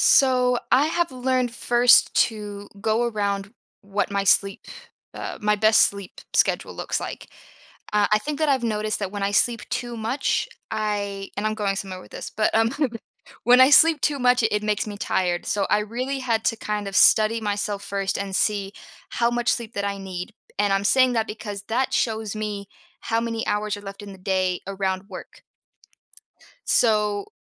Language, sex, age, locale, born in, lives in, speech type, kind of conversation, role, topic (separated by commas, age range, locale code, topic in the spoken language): English, female, 25-29, en-US, United States, United States, conversation, podcast, guest, What strategies help you prioritize self-care in a busy life?